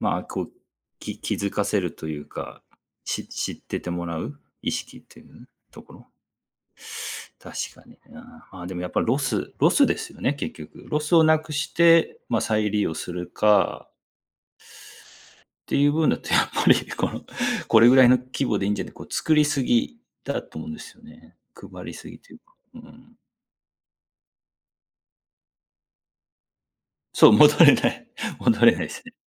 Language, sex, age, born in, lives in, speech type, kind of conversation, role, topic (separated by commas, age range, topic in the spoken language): Japanese, male, 40-44, Japan, Japan, conversation, unstructured, none, 地球温暖化について、どう感じていますか？